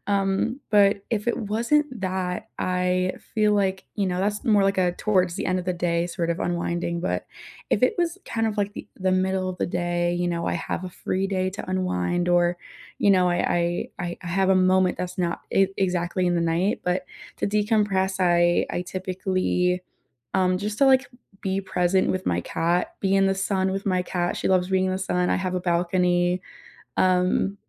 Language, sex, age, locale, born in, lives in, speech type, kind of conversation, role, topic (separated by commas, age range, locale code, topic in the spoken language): English, female, 20-24, en-US, United States, United States, conversation, unstructured, none, What helps you unwind after a busy day, and how can we relax together?